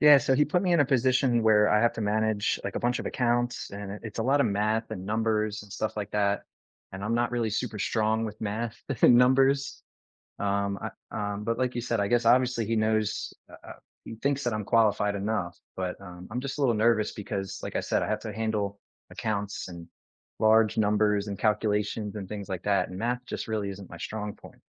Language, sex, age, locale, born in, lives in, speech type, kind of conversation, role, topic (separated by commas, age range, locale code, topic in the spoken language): English, male, 30-34, en-US, United States, United States, conversation, advice, user, How can I gain confidence and manage new responsibilities after an unexpected promotion?